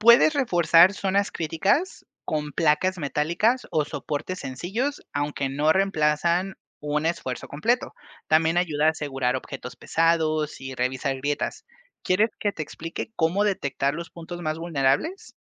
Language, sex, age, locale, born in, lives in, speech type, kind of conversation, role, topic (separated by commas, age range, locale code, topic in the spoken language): Spanish, male, 25-29, es-MX, Mexico, Mexico, read, expert-assistant, expert, ¿Cómo puedo proteger mi propiedad ante el riesgo de terremotos si vivo en una zona sísmica?